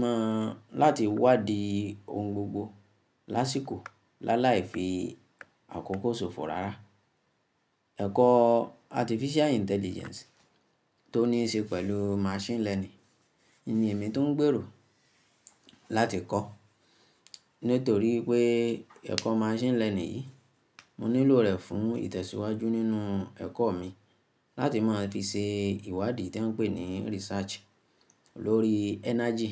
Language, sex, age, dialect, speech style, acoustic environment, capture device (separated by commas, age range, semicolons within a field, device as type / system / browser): Yoruba, female, 25-34, Nigeria - Ibadan, relaxed, silence, mobile / Linux / Chrome